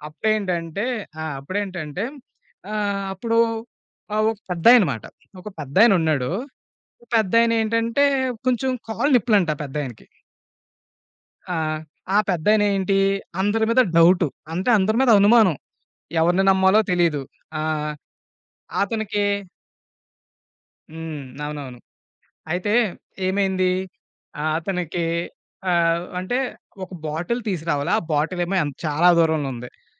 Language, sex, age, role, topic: Telugu, male, 30-34, guest, పక్కనివారితో చిన్న సంభాషణ మొదలుపెట్టుకోవడం ఎందుకు ముఖ్యం అనిపిస్తుంది?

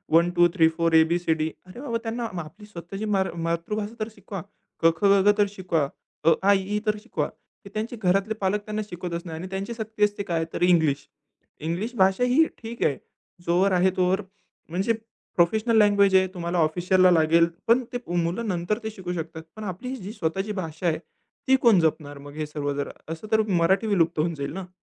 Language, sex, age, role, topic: Marathi, male, 20-24, guest, भाषा जपण्यासाठी तुम्ही काय करता?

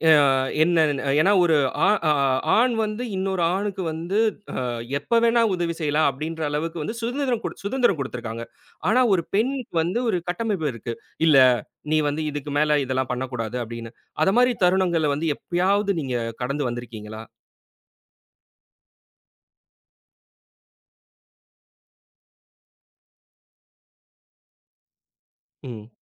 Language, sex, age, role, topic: Tamil, male, 30-34, host, ஒரு நண்பரின் உதவி உங்கள் வாழ்க்கையை எப்படிக் கட்டமைத்தது?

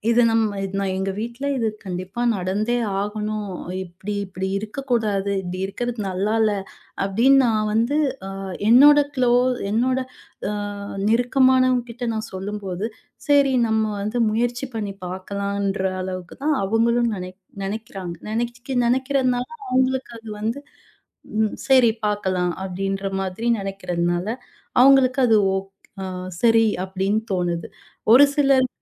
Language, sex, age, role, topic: Tamil, female, 30-34, guest, குடும்ப விருந்தின்போது கைபேசி பயன்படுத்தாமல் இருக்க என்ன விதிமுறைகள் பின்பற்றலாம்?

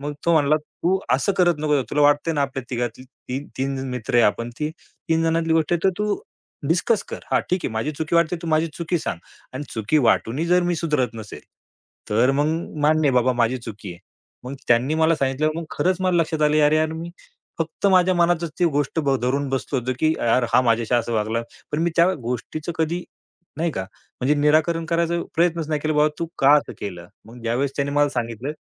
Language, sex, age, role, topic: Marathi, male, 35-39, guest, कुटुंबातील संवादामुळे गैरसमज कसे निर्माण होतात?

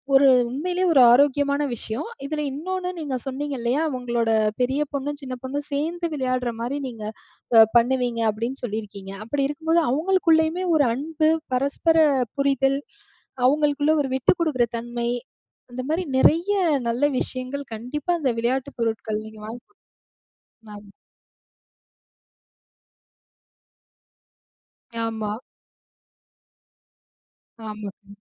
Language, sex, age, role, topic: Tamil, female, 35-39, host, குழந்தைகளின் திரை நேரத்தை எப்படிக் கட்டுப்படுத்தலாம்?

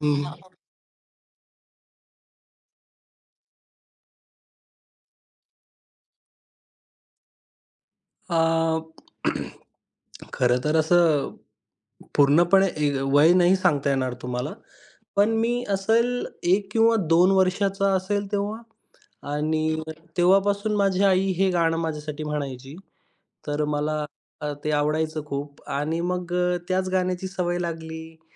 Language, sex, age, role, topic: Marathi, male, 25-29, guest, बाळपणीच्या गाण्यांचा तुमच्यावर काय प्रभाव पडला आहे?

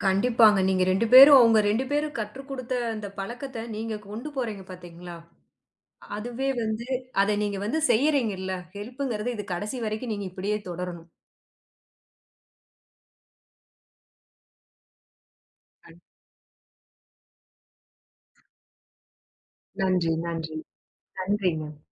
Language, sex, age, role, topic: Tamil, female, 30-34, host, அங்கே நீங்கள் சந்தித்த ஒருவரிடமிருந்து கற்றுக்கொண்ட பழக்கம் எது?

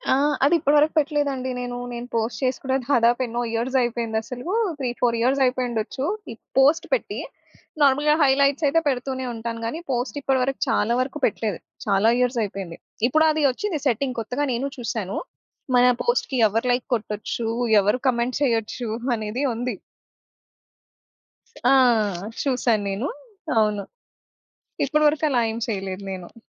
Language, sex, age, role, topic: Telugu, female, 25-29, guest, సామాజిక మాధ్యమాల్లో మీరు సాధారణంగా ఏమి పంచుకుంటారు?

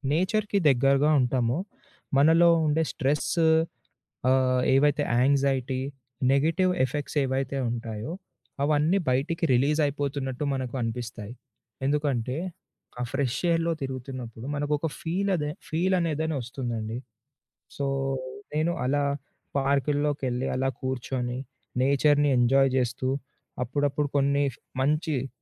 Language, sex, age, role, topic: Telugu, male, 20-24, guest, ఒత్తిడిని తగ్గించుకోవడానికి మీరు రోజూ చేసే అప్రమత్తత సాధన ఏమిటి?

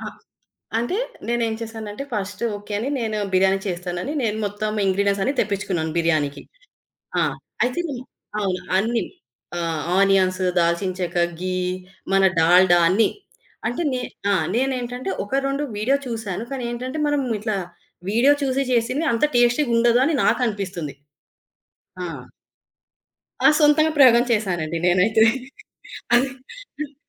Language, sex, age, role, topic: Telugu, female, 25-29, guest, చిన్నతనంలో ఆ వంటకం గురించి మీకు ఏమైనా మధురమైన జ్ఞాపకాలు ఉన్నాయా?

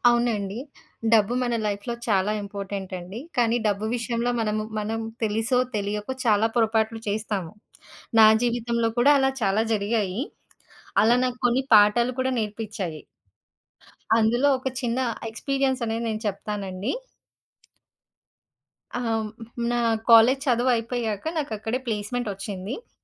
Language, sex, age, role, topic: Telugu, female, 30-34, guest, డబ్బు విషయంలో మీరు చేసిన పొరపాట్లు మీకు ఏమి నేర్పించాయి?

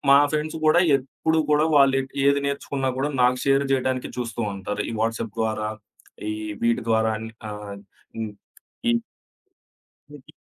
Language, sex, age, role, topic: Telugu, male, 25-29, guest, ఒక అంశంపై సరళమైన చిన్న కోర్సును ఎలా ప్రణాళిక చేయాలి?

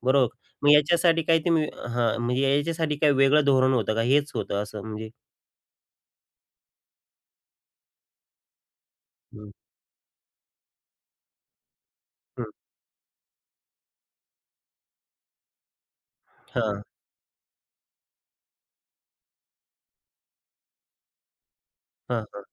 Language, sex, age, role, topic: Marathi, male, 35-39, host, तुम्ही रोजच्या सूचना कशा हाताळता?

